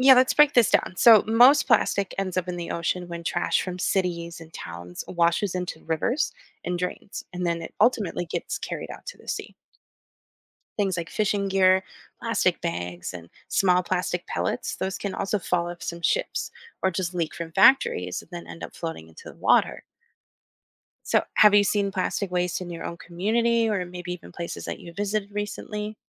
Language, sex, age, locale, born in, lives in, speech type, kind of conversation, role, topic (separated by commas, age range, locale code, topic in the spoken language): English, female, 30-34, en-US, United States, United States, read, expert-assistant, expert, How does plastic waste impact marine life?